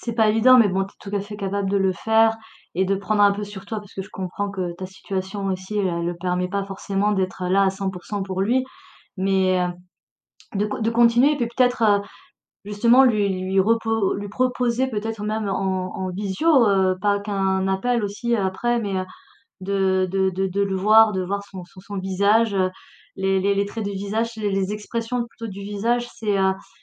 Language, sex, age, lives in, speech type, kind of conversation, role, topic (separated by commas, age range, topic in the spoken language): French, female, 40-44, France, conversation, advice, advisor, Comment gérer un conflit avec un ami proche après un malentendu ?